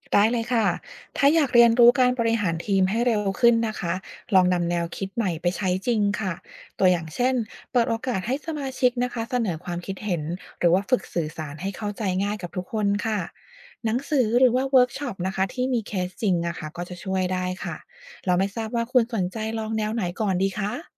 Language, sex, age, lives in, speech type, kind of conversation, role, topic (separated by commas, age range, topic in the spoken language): Thai, female, 40-44, United States, read, expert-assistant, expert, มีวิธีไหนบ้างที่ช่วยให้เรียนรู้การบริหารทีมได้เร็วขึ้น?